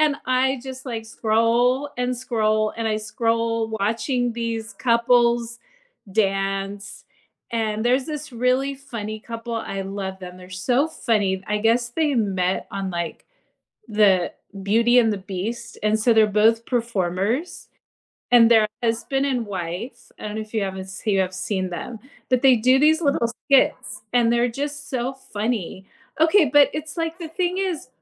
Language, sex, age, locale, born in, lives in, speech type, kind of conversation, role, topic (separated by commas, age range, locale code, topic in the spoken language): English, female, 45-49, en-US, United States, United States, conversation, podcast, guest, How do you balance screen time and offline time?